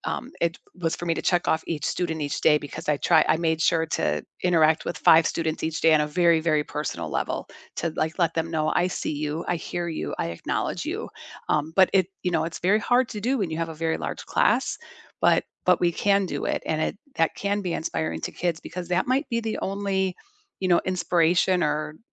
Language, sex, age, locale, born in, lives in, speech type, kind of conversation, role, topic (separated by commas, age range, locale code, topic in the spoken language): English, female, 55-59, en-US, United States, United States, conversation, unstructured, none, How can teachers inspire students?